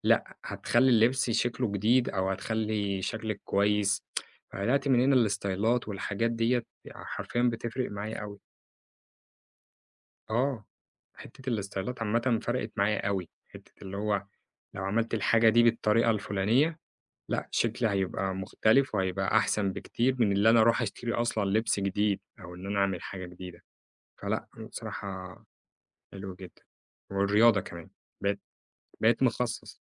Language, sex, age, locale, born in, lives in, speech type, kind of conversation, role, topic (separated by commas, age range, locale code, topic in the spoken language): Arabic, male, 25-29, ar-EG, Egypt, Egypt, conversation, podcast, guest, إزاي تقدر تميّز إذا كان المؤثر صادق بجد ولا بس بيروّج لمنتج علشان الفلوس؟